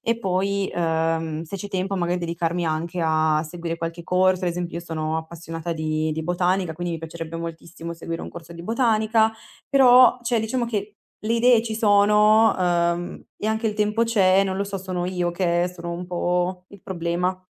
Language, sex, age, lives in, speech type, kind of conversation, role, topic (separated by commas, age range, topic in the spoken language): Italian, female, 20-24, Italy, conversation, advice, user, Gestione del tempo e procrastinazione costante